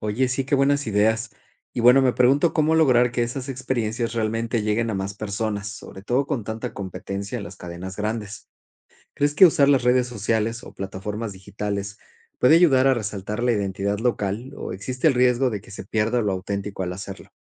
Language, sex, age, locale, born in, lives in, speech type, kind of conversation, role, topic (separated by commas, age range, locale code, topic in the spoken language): Spanish, male, 40-44, es-MX, Mexico, Spain, read, expert-assistant, asker, ¿Cómo pueden los pequeños negocios crecer sin perder su identidad local?